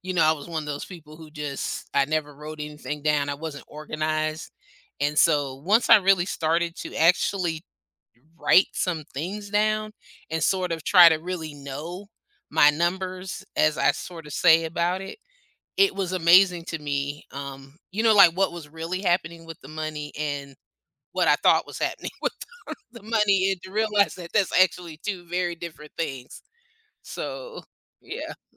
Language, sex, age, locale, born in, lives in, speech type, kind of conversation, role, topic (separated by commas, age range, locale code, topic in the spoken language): English, female, 50-54, en-US, United States, United States, conversation, podcast, host, What are some practical steps to build a consistent saving habit?